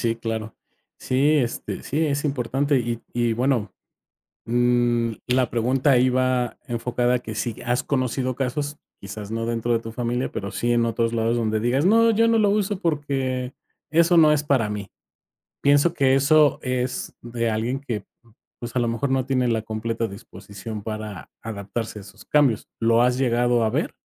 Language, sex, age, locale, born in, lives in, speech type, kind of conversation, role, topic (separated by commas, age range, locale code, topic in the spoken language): Spanish, male, 45-49, es-MX, Mexico, Mexico, conversation, podcast, host, ¿Cómo ha cambiado la forma de comunicarte con tu familia?